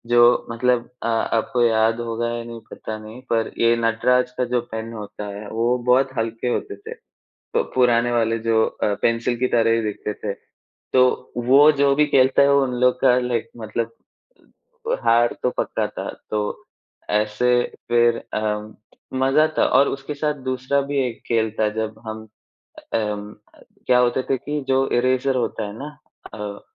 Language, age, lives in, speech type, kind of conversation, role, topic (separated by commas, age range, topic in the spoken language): Hindi, 20-24, India, conversation, unstructured, none, आपके बचपन की कौन-सी खास याद है जो आज भी आपके दिल को छू जाती है?